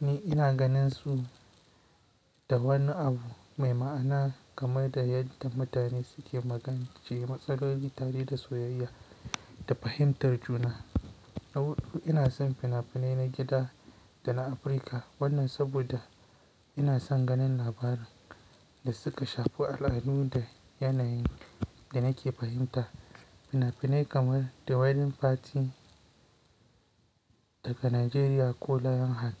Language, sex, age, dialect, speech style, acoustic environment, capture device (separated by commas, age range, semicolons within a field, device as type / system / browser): Hausa, male, 18-24, Nigeria - Kano, relaxed, silence; nature, mobile / Linux / Chrome